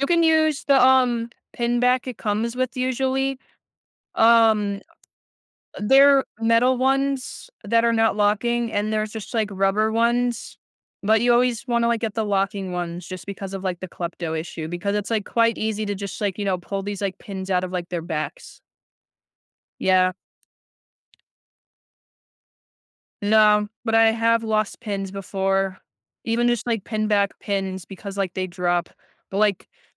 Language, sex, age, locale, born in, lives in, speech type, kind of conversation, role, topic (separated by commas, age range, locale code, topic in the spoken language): English, other, 18-19, en-US, United States, United States, conversation, unstructured, none, How do you decide whether to shop online or in a physical store?